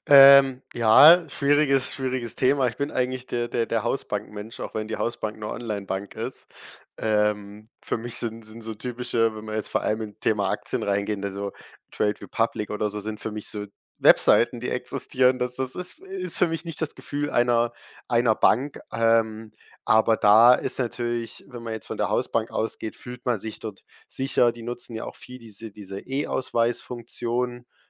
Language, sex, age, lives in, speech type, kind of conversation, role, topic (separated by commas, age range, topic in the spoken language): German, male, 18-19, Germany, conversation, podcast, guest, Sag mal, wie schützt du deine Online‑Privatsphäre?